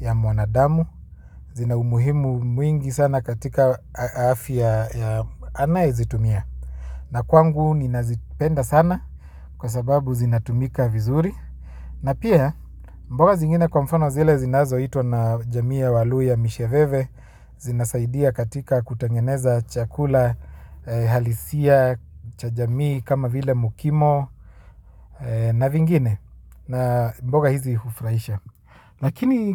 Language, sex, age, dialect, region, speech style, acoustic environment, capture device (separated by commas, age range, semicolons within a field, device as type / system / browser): Swahili, male, 25-34, Kenya - Nairobi Sheng-influenced, Kenya, anxious; happy, silence, mobile / Linux / Chrome